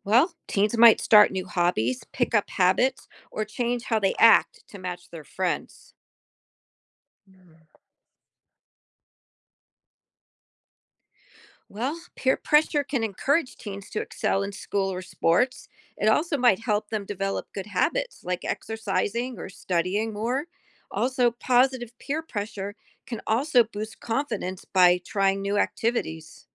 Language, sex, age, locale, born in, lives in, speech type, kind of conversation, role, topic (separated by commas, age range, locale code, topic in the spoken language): English, female, 55-59, en-US, Germany, United States, read, expert-assistant, expert, How does peer pressure influence teenagers?